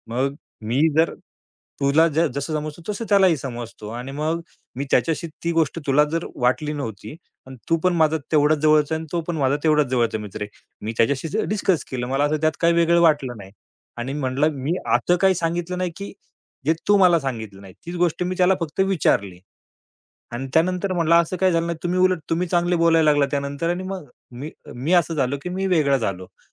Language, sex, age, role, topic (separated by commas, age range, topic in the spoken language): Marathi, male, 35-39, guest, कुटुंबातील संवादामुळे गैरसमज कसे निर्माण होतात?